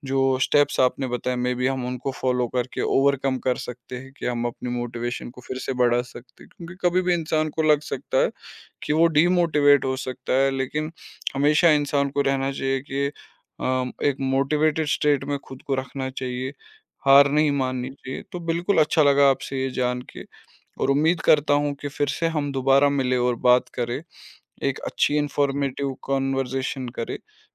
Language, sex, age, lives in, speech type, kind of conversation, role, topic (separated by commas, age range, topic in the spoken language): Hindi, male, 25-29, India, conversation, podcast, host, Kab tumhari motivation kam ho jaati hai, aur us waqt tum kya karte ho?